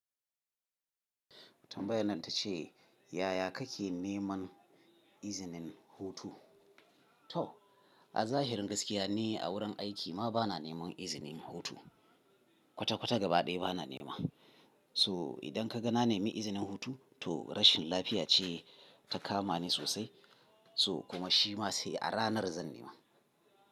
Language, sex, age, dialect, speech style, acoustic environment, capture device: Hausa, male, 35-44, Nigeria - Kano, tired, people talking, mobile / Linux / Chrome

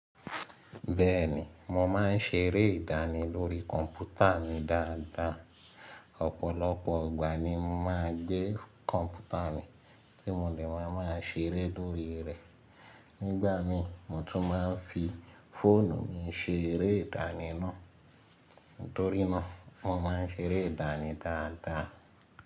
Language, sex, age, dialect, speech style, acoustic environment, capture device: Yoruba, male, 25-34, Nigeria - Lagos Yoruba, excited, silence, mobile / Linux / Chrome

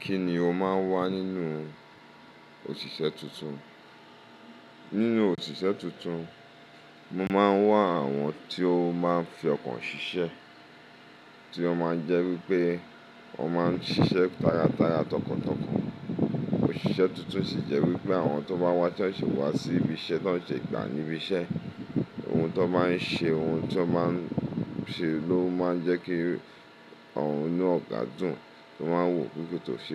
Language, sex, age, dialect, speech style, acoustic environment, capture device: Yoruba, male, 35-44, Nigeria - Lagos Yoruba, focused, silence, mobile / Linux / Chrome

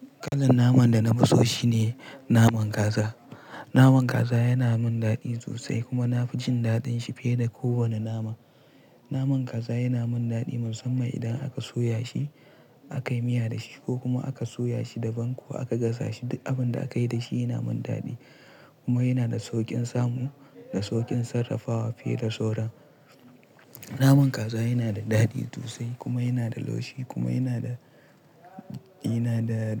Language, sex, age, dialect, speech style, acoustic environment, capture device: Hausa, male, 18-24, Nigeria - Pidgin-influenced, relaxed; tired; focused; stressed, silence; nature, mobile / Linux / Chrome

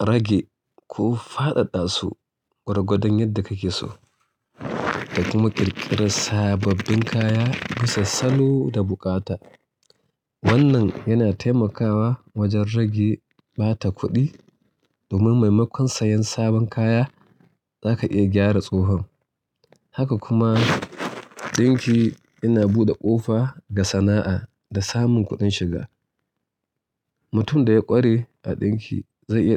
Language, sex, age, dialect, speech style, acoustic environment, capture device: Hausa, male, 35-44, Nigeria - Kano, relaxed, silence, mobile / Linux / Chrome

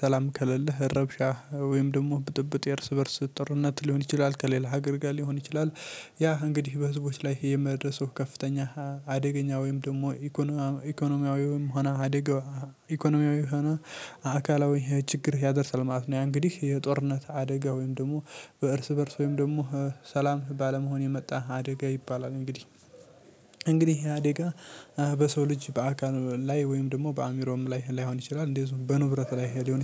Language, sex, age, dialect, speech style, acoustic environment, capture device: Amharic, male, 18-24, Ethiopia - Addis Ababa, happy; focused, nature; silence, mobile / Linux / Chrome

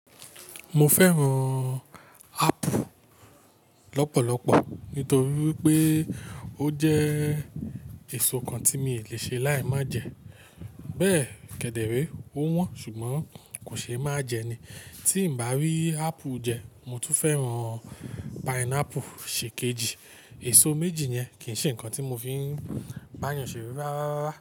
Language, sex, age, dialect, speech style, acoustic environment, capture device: Yoruba, male, 35-44, Nigeria - Nigerian Standard, focused, appliances, mobile / Linux / Chrome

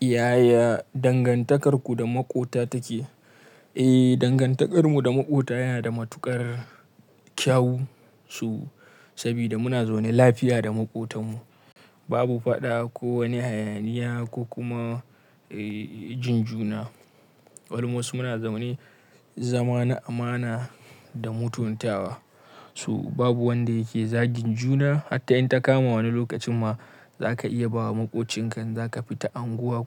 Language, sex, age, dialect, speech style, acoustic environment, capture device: Hausa, male, 25-34, Nigeria - Kano, happy; relaxed, silence, mobile / Linux / Chrome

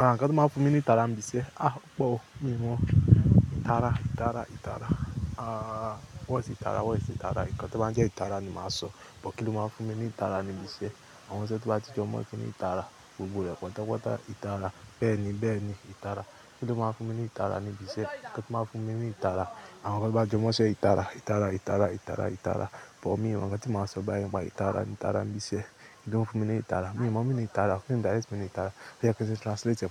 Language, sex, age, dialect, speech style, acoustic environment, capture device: Yoruba, male, 25-34, Nigeria - Lagos Yoruba, happy, people talking; nature, mobile / Linux / Chrome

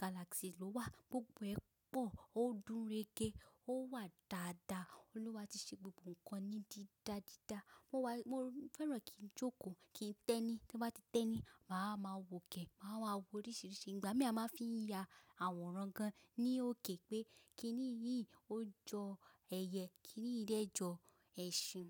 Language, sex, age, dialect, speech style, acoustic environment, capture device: Yoruba, female, 25-34, Nigeria - Lagos Yoruba, relaxed; focused, silence, mobile / macOS / Safari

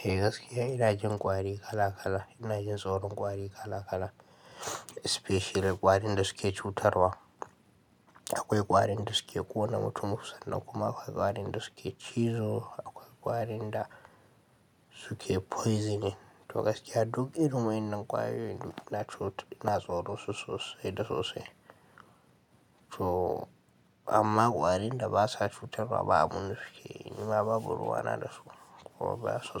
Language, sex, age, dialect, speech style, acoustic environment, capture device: Hausa, male, 18-24, Nigeria - Kano, happy; anxious; tired; relaxed; nervous; focused; stressed; excited, people talking; music; nature; silence; traffic; construction; appliances, mobile / Linux / Chrome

